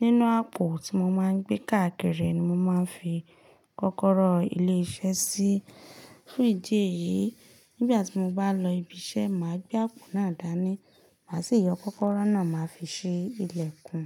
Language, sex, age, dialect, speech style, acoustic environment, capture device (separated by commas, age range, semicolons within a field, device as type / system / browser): Yoruba, female, 25-34, Nigeria - Ibadan, focused, silence, mobile / Linux / Chrome